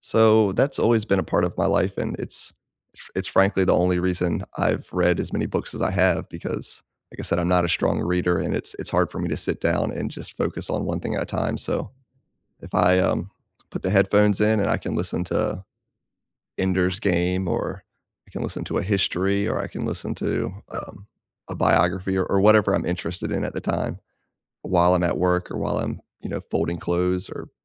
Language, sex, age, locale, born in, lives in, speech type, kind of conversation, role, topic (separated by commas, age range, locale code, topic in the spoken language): English, male, 35-39, en-US, United States, United States, conversation, unstructured, none, How would you use an extra five hours a week over the next year?